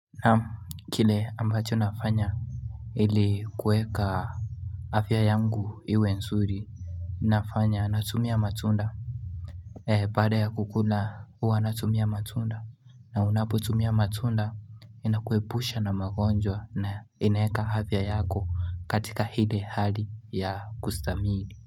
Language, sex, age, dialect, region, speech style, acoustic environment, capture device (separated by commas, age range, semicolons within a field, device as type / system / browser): Swahili, male, 18-24, Kenya - Nairobi Sheng-influenced, Kenya, nervous, nature, mobile / Linux / Chrome